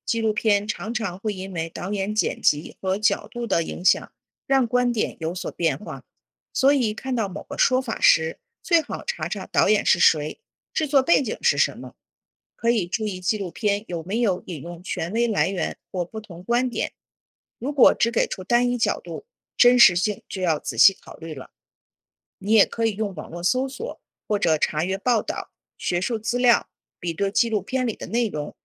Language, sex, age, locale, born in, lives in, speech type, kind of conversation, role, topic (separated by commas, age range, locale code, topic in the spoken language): Chinese, female, 40-44, zh-CN, China, United States, read, expert-assistant, expert, 人们为什么喜欢看纪录片？